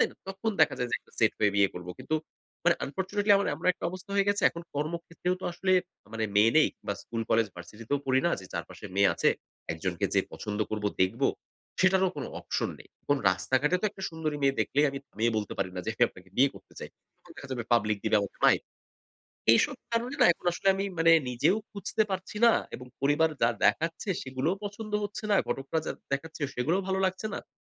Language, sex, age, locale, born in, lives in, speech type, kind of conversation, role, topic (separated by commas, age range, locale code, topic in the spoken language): Bengali, male, 30-34, bn-BD, Bangladesh, Bangladesh, conversation, advice, user, পরিবারের চাপের কারণে আপনাকে দ্রুত বিয়ে করতে বলা হচ্ছে—এটা আপনি কীভাবে বর্ণনা করবেন?